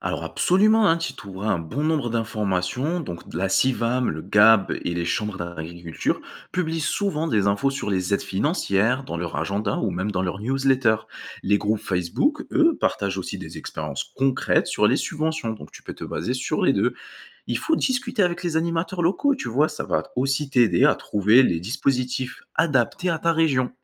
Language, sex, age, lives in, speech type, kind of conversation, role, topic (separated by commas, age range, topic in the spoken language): French, male, 20-24, France, read, expert-assistant, expert, Quelles nouvelles idées ou méthodes pourrait-on essayer pour rendre l’élevage plus durable au quotidien ?